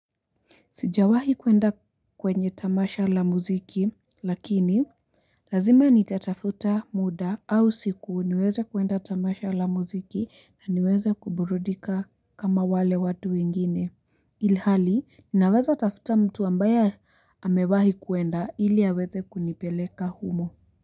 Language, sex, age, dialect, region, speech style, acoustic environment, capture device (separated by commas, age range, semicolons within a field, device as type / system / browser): Swahili, female, 18-24, Kenya - Nairobi Sheng-influenced, Kenya, happy; relaxed; focused, people talking; nature; construction, mobile / Linux / Chrome